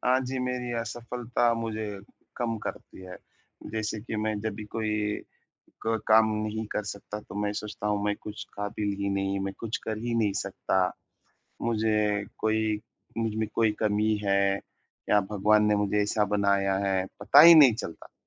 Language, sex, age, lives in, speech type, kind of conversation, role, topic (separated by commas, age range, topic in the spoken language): Hindi, male, 30-34, India, conversation, advice, user, मैं सफलता या असफलता से अपनी आत्म-कीमत को अलग कैसे रखूँ?